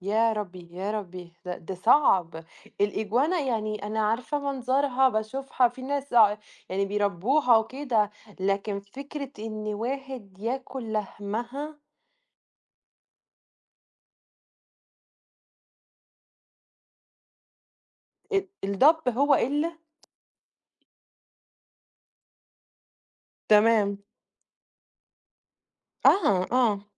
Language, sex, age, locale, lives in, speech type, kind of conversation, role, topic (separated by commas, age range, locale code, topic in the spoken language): Arabic, female, 20-24, ar-EG, Italy, conversation, unstructured, none, إيه أسوأ أكلة جربتها، وليه ما عجبتك؟